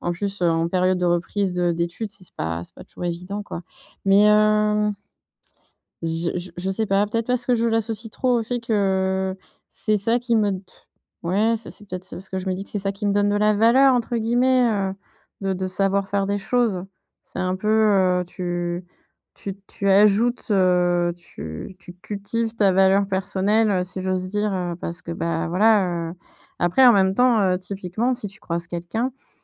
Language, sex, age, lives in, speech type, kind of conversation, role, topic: French, female, 30-34, France, conversation, advice, user, Séparer l'estime de soi de la productivité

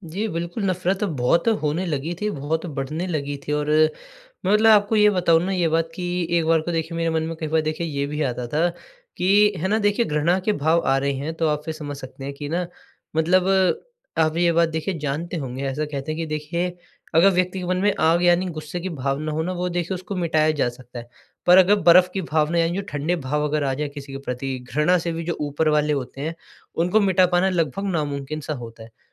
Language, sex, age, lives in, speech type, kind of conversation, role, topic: Hindi, male, 20-24, India, conversation, advice, user, हम भाई-बहन की लगातार होने वाली एक-दूसरे से तुलना से कैसे निपटें?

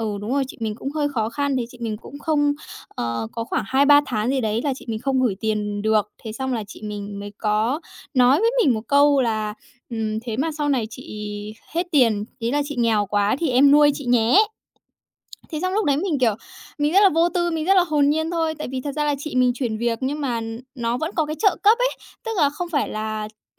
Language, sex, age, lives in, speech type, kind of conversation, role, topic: Vietnamese, female, 20-24, Japan, conversation, advice, user, Làm thế nào để giải quyết những cuộc cãi nhau về tiền bạc trong gia đình?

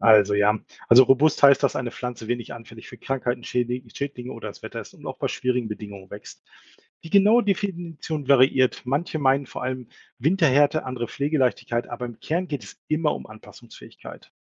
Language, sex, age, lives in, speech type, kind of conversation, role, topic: German, male, 45-49, Germany, read, expert-assistant, expert, Was meinen Experten eigentlich, wenn sie von „robusten“ Pflanzen sprechen? Gibt es dabei unterschiedliche Ansichten?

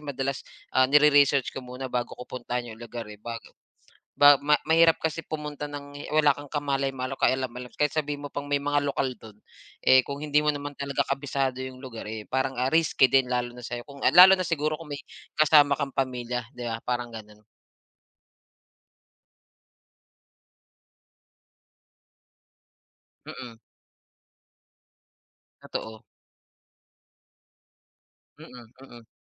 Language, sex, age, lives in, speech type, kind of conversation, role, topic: Filipino, male, 35-39, Philippines, conversation, unstructured, none, Paano mo pinipili ang susunod na destinasyon ng iyong paglalakbay?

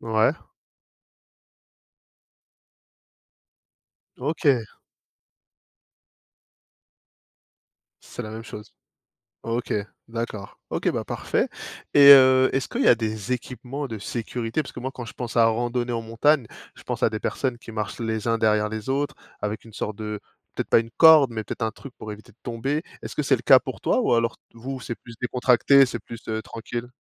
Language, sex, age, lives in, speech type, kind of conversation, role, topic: French, male, 30-34, France, conversation, podcast, host, Est-ce que ce loisir t’aide à décompresser, et comment ?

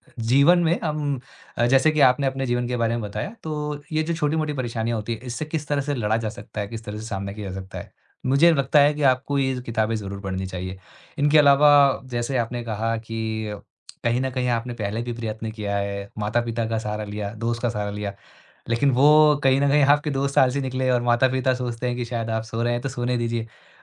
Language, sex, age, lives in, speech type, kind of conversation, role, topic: Hindi, male, 35-39, India, conversation, advice, advisor, मुझे अपनी सुबह की दिनचर्या बनाए रखने में परेशानी क्यों होती है?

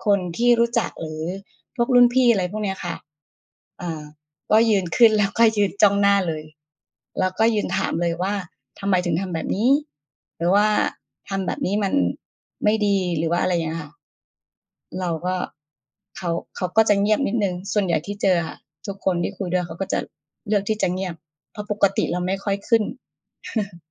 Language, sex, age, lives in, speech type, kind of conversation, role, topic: Thai, female, 40-44, Thailand, conversation, unstructured, none, ถ้ามีคนไม่ชอบตัวตนของคุณ คุณจะรับมืออย่างไร?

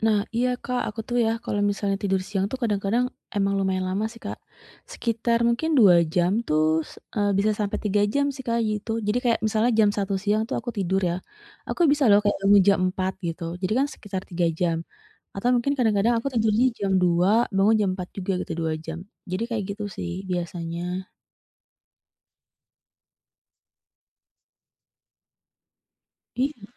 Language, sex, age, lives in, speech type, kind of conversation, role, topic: Indonesian, female, 35-39, Indonesia, conversation, advice, user, Bagaimana cara mengatasi rasa bersalah dan stigma terhadap kebiasaan tidur siang?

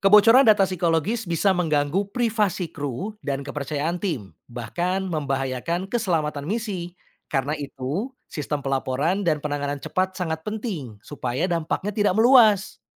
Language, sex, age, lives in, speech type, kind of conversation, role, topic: Indonesian, male, 35-39, Indonesia, read, expert-assistant, expert, Mengapa manusia terus mengeksplorasi luar angkasa meskipun biayanya sangat besar dan risikonya tinggi?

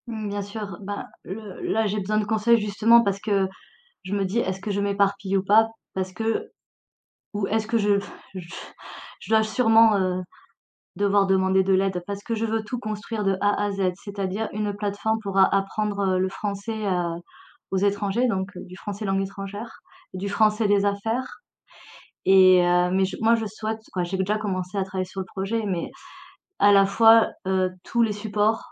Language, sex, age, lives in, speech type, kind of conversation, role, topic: French, female, 40-44, France, conversation, advice, user, Pourquoi ai-je du mal à commencer un projet important ?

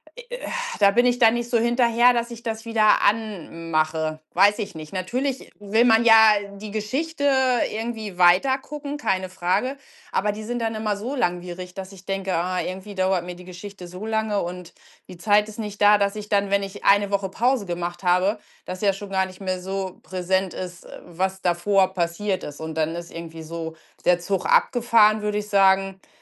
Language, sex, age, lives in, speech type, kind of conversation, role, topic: German, female, 45-49, Germany, conversation, unstructured, none, Warum sehen sich Menschen gerne Serien oder Filme an?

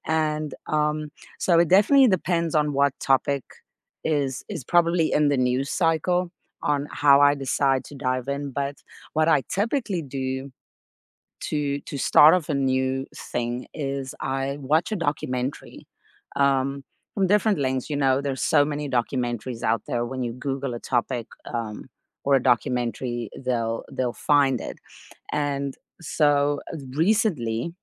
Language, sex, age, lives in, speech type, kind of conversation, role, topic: English, female, 35-39, United States, conversation, unstructured, none, What sparks your next learning adventure, and how do you decide where to dive in?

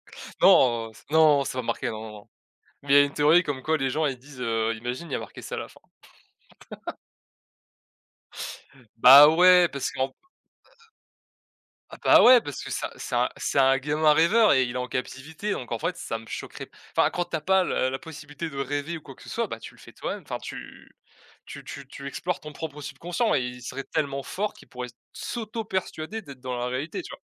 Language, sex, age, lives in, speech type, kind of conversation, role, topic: French, male, 20-24, France, conversation, unstructured, none, Si vous pouviez échanger votre place avec un personnage de dessin animé, lequel choisiriez-vous et pourquoi ?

